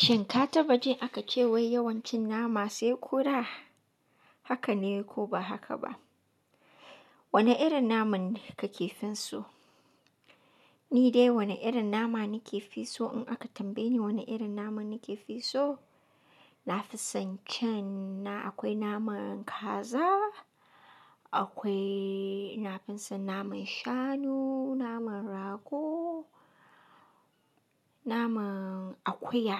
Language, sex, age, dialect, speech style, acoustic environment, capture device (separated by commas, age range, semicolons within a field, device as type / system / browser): Hausa, female, 25-34, Nigeria - Katsina, relaxed, nature, mobile / Linux / Chrome